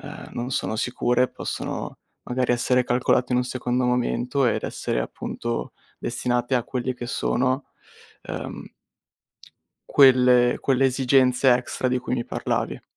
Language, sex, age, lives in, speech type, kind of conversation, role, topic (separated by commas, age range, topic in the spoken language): Italian, male, 20-24, Italy, conversation, advice, advisor, Come posso gestire i litigi con il partner dovuti a differenze su spese e risparmio?